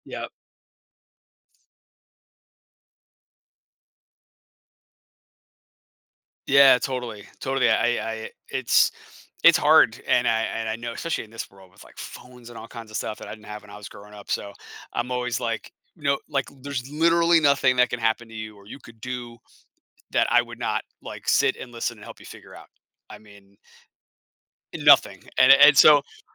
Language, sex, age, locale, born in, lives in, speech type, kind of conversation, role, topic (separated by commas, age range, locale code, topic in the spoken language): English, male, 40-44, en-US, United States, United States, conversation, podcast, host, What helps families build strong and supportive relationships?